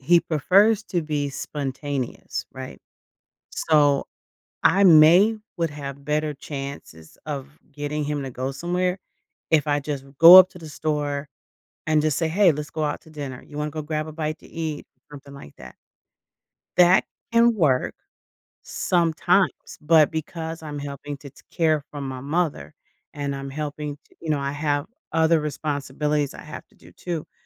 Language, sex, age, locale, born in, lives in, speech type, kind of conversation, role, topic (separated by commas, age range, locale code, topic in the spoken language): English, female, 55-59, en-US, United States, United States, conversation, advice, user, How can I tell my partner I feel unseen and reconnect emotionally?